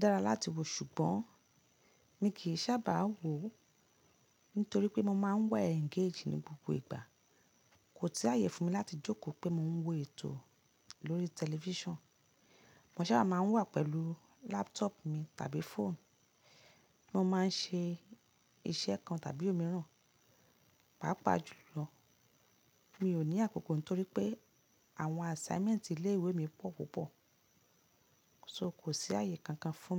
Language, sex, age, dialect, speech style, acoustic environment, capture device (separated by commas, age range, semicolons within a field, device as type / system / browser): Yoruba, female, 35-44, Nigeria - Lagos Yoruba, relaxed, silence; appliances, mobile / Linux / Chrome